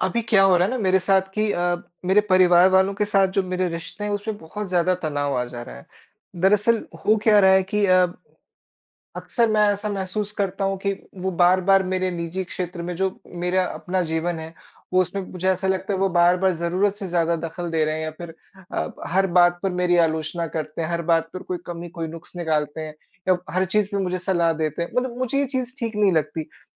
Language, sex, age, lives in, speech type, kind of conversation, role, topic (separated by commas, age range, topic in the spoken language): Hindi, male, 25-29, India, conversation, advice, user, परिवार के साथ रहते हुए रिश्तों में तनाव संभालना और अपनी सीमाएँ तय करना मेरे लिए इतना मुश्किल क्यों हो रहा है?